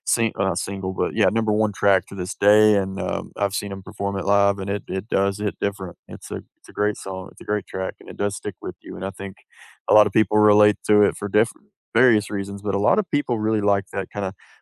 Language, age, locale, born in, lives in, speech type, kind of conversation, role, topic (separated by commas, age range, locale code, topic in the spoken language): English, 30-34, en-US, United States, United States, conversation, unstructured, none, Which book, movie, or song has stayed with you, and why does it still matter to you?